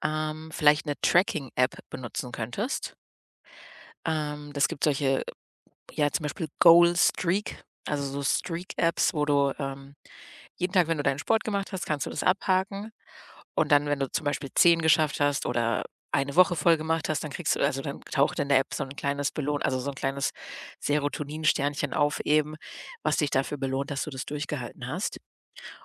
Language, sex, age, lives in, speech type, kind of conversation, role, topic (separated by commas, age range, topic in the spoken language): German, female, 30-34, Germany, conversation, advice, advisor, Wie finde ich passende Belohnungen, die meine langfristigen Gewohnheiten stärken?